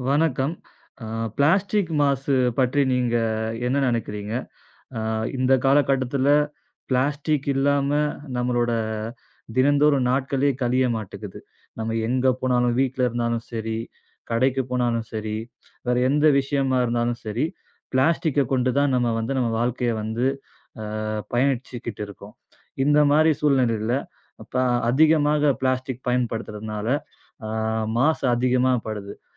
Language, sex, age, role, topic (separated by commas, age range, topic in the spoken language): Tamil, male, 30-34, host, பிளாஸ்டிக் மாசு பற்றி நீங்கள் பொதுமக்களுக்கு என்ன சொல்லுவீர்கள்?